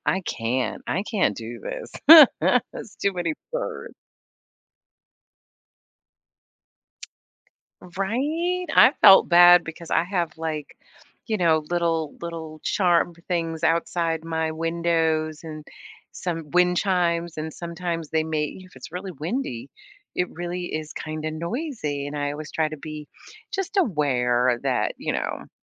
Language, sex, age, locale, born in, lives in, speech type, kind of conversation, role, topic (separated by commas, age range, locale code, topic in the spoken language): English, female, 55-59, en-US, United States, United States, conversation, podcast, guest, What helps people feel like they belong when joining a new community?